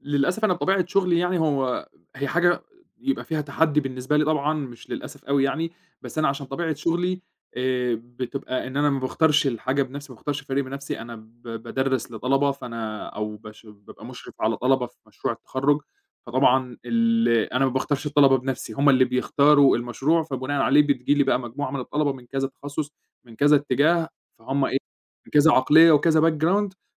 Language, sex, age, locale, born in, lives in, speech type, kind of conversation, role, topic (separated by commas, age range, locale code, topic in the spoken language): Arabic, male, 25-29, ar-EG, Egypt, Egypt, conversation, podcast, guest, إزاي بتعلّم وبتطوّر أعضاء فريقك؟